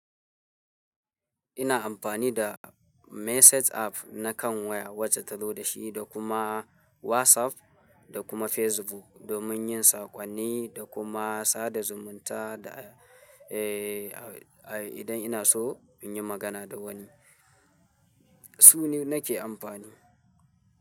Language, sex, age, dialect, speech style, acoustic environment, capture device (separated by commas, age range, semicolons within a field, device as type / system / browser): Hausa, male, 18-24, Nigeria - Kano, tired; happy, people talking, mobile / Linux / Chrome